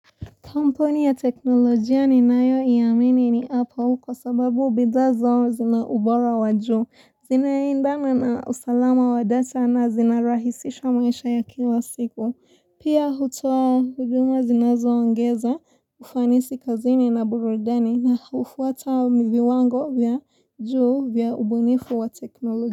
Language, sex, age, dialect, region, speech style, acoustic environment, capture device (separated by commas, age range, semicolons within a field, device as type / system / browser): Swahili, female, 18-24, Kenya - Mombasa, Kenya, relaxed, silence; appliances, mobile / Linux / Chrome